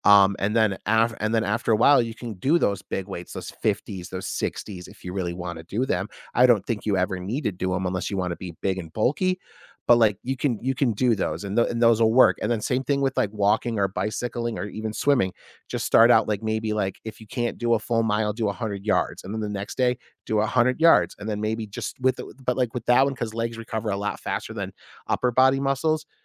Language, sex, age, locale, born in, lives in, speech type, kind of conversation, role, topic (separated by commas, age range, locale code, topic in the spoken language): English, male, 35-39, en-US, United States, United States, conversation, podcast, guest, What are the key steps to beginning a fitness journey in a healthy and sustainable way?